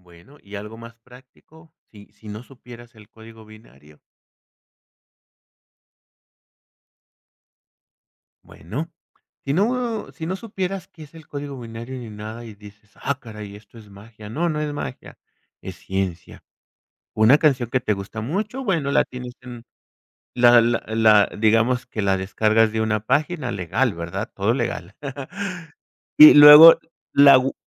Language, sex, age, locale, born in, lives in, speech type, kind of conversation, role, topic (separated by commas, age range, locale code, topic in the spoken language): Spanish, male, 50-54, es-MX, Mexico, Mexico, conversation, podcast, guest, ¿Qué habilidades para la vida aprendiste gracias a ese pasatiempo?